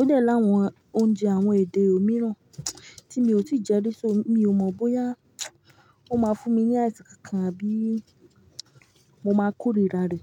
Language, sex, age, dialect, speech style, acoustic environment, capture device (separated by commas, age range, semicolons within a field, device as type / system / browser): Yoruba, female, 25-34, Nigeria - Ibadan, happy; stressed, silence, mobile / Linux / Chrome